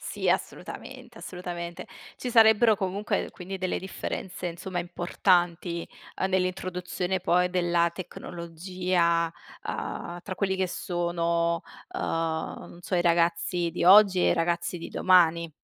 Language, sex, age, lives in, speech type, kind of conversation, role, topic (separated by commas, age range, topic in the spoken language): Italian, female, 25-29, Italy, conversation, unstructured, none, Pensi che la tecnologia possa migliorare la qualità della vita in futuro?